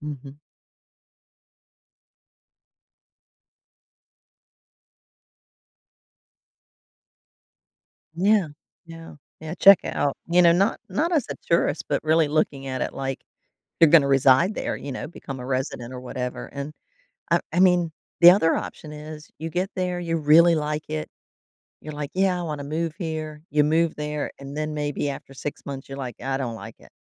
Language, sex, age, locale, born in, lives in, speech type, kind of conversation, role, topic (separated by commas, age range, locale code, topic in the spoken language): English, female, 55-59, en-US, United States, United States, conversation, advice, advisor, How can I decide whether moving to a new city is the right choice for me?